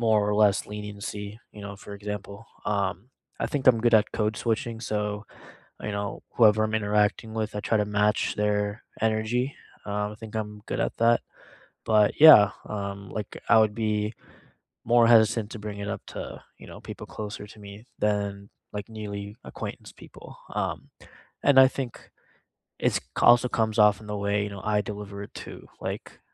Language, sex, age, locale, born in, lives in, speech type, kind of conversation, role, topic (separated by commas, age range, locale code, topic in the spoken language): English, male, 25-29, en-US, India, United States, conversation, unstructured, none, How do you set boundaries kindly and clearly?